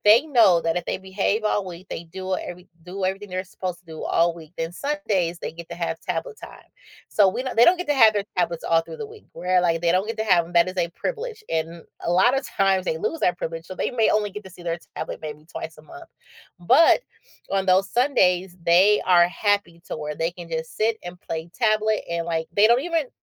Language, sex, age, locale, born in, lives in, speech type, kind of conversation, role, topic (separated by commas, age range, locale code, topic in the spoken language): English, female, 35-39, en-US, United States, United States, conversation, unstructured, none, What factors help you decide whether to spend your weekend indoors or outdoors?